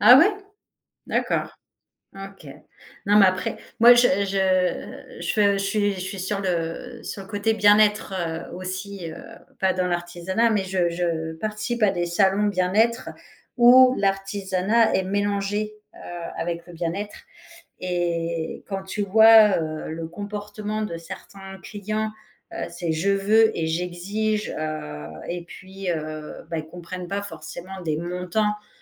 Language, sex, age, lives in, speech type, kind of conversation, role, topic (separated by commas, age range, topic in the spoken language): French, female, 40-44, France, conversation, podcast, host, Comment évites-tu le burn-out créatif ?